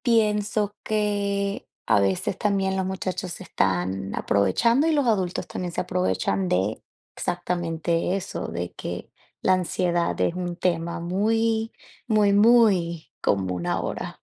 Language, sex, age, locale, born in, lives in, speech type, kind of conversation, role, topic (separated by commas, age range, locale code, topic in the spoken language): Spanish, female, 30-34, es-VE, Venezuela, United States, conversation, unstructured, none, ¿Crees que hoy en día se exagera la ansiedad?